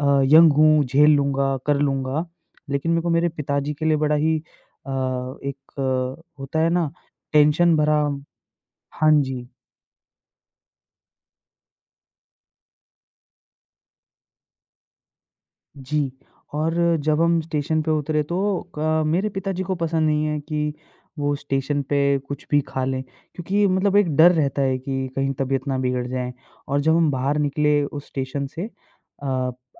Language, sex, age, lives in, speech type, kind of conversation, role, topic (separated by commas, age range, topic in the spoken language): Hindi, male, 25-29, India, conversation, podcast, guest, Kis destination pe tumne unexpected kindness experience kiya, batao yaar?